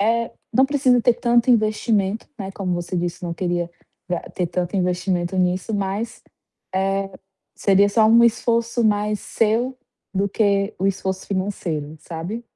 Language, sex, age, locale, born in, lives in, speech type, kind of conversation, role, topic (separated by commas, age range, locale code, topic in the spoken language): Portuguese, female, 25-29, pt-BR, Brazil, Portugal, conversation, advice, advisor, Como posso atrair meus primeiros clientes para o meu negócio?